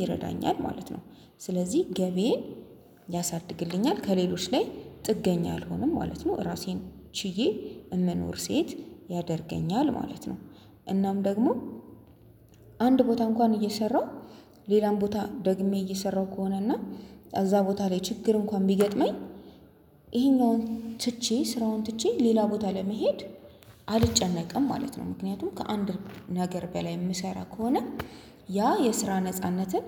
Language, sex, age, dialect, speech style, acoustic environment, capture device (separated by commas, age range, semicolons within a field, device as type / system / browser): Amharic, female, 25-34, Ethiopia - Addis Ababa, anxious, silence, mobile / Linux / Chrome